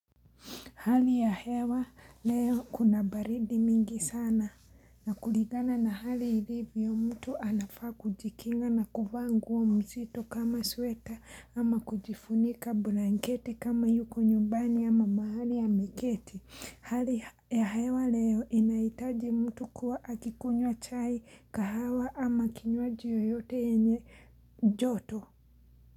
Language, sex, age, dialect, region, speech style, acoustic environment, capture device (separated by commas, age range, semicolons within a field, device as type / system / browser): Swahili, female, 25-34, Kenya - Mombasa, Kenya, happy, silence, mobile / Linux / Chrome